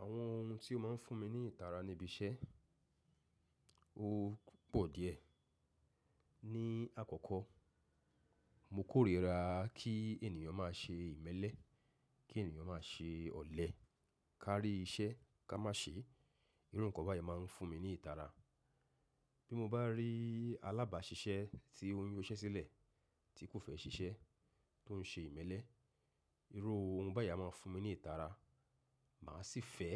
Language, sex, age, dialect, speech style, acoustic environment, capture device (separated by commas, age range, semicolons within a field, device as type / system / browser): Yoruba, male, 35-44, Nigeria - Lagos Yoruba, relaxed; focused, silence, mobile / macOS / Safari